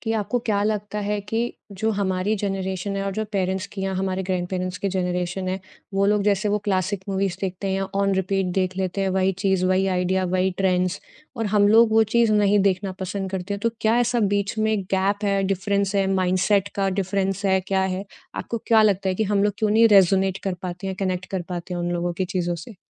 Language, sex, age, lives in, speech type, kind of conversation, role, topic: Hindi, female, 30-34, India, conversation, podcast, host, Phones aur screens ke beech aap apni family ke saath baatcheet kaise maintain karte ho?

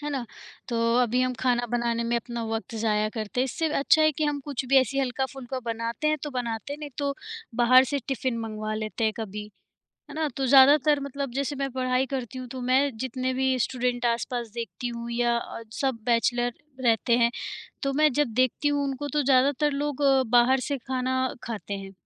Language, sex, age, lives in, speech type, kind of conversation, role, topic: Hindi, female, 40-44, India, conversation, unstructured, none, आप यह कैसे तय करते हैं कि घर का बना खाना खाएँ या बाहर का खाना?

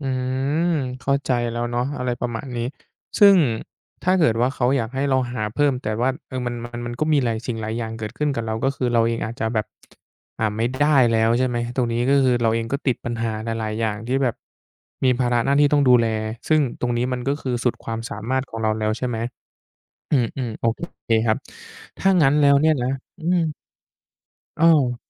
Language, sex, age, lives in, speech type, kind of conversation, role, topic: Thai, male, 20-24, Thailand, conversation, advice, advisor, ฉันควรแบ่งงบประมาณกับคู่ชีวิตอย่างไรเมื่อมีความขัดแย้งเรื่องเงิน?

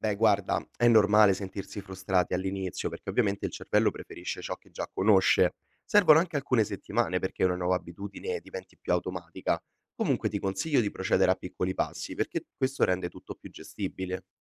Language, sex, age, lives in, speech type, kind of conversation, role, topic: Italian, male, 20-24, Italy, read, expert-assistant, expert, Come possiamo adattare i nostri metodi di organizzazione personale quando cambia la nostra vita o il lavoro?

